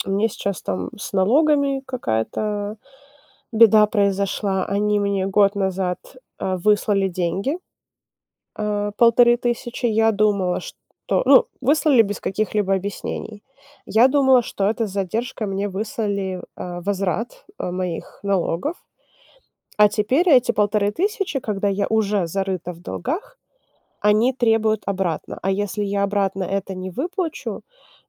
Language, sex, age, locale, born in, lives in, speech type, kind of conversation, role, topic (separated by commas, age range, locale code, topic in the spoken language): Russian, female, 25-29, ru-RU, Ukraine, United States, conversation, advice, user, Как финансовый стресс мешает вам планировать свою жизнь?